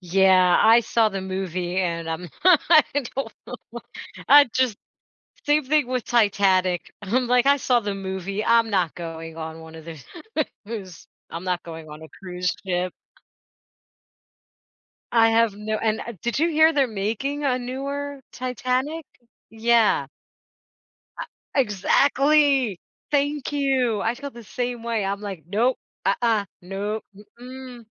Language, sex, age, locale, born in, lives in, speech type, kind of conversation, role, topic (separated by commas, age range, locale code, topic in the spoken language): English, female, 40-44, en-US, United States, United States, conversation, podcast, host, How do personal connections and unexpected moments shape our most meaningful travel experiences?